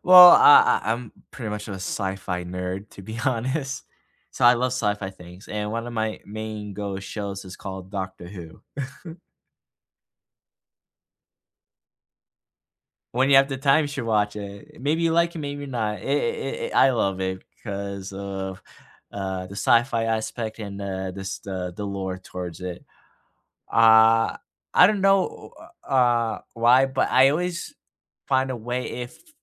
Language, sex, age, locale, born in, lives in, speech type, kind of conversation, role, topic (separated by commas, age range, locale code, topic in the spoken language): English, male, 25-29, en-US, United States, United States, conversation, unstructured, none, What helps you relax after a stressful day?